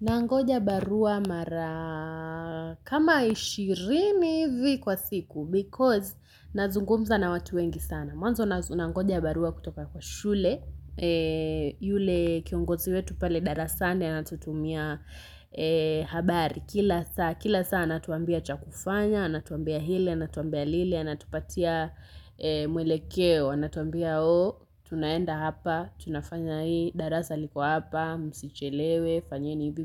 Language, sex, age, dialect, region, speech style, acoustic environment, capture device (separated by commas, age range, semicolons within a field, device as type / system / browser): Swahili, female, 18-24, Kenya - Nairobi Sheng-influenced, Kenya, happy, silence, mobile / Linux / Chrome